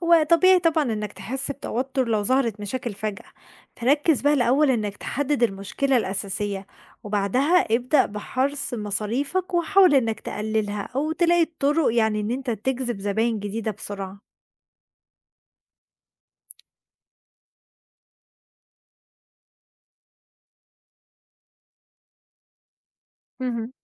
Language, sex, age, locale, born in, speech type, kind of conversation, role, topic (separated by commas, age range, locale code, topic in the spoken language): Arabic, female, 20-24, ar-EG, Egypt, read, expert-assistant, expert, هل المخاطرة في ريادة الأعمال في مصر تستاهل مقابل الفرص اللي ممكن تيجي منها؟